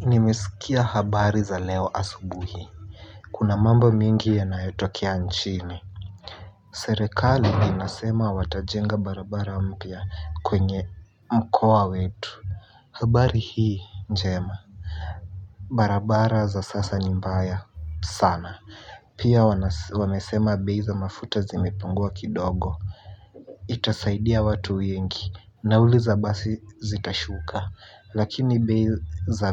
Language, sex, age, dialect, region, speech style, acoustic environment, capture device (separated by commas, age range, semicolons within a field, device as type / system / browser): Swahili, male, 18-24, Kenya - Nairobi Sheng-influenced, Kenya, relaxed, silence, mobile / Linux / Chrome